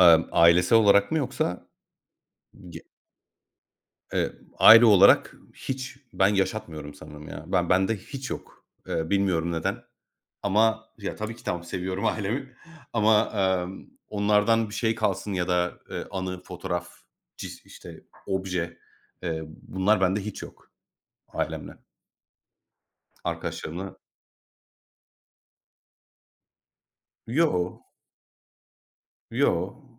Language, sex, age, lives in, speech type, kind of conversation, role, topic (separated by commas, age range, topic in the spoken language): Turkish, male, 30-34, Greece, conversation, unstructured, none, Sevdiklerinin anısını yaşatmak için neler yaparsın?